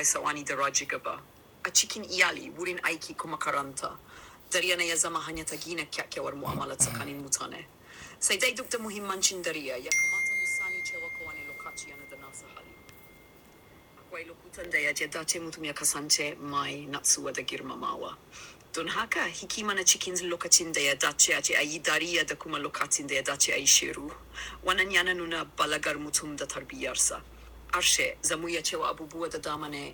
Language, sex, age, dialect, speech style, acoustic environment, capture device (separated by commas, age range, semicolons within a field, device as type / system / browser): Hausa, male, 18-24, Nigeria - Kano, relaxed, appliances, desktop / Windows / Chrome